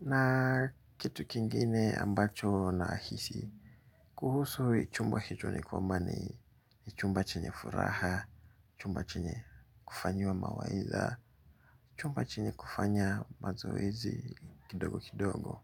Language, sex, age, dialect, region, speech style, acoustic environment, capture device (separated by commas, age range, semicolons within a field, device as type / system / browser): Swahili, male, 25-34, Kenya - Nairobi Sheng-influenced, Kenya, relaxed; focused, silence, mobile / Linux / Chrome